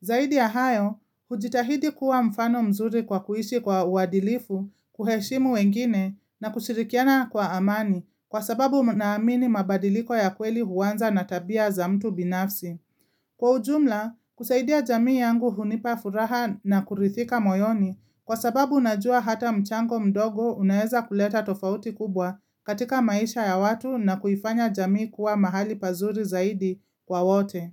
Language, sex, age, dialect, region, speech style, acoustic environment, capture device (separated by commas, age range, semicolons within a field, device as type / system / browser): Swahili, female, 25-34, Kenya - Nairobi Sheng-influenced, Kenya, relaxed; excited; focused, silence, mobile / Linux / Chrome